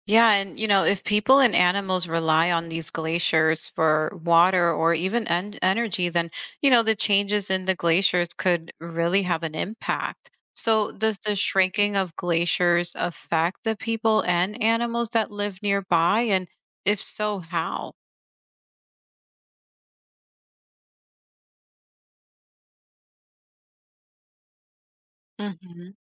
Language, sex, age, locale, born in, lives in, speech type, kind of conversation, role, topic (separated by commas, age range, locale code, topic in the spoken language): English, female, 35-39, en-US, United States, United States, read, expert-assistant, asker, How does a glacier shape the land?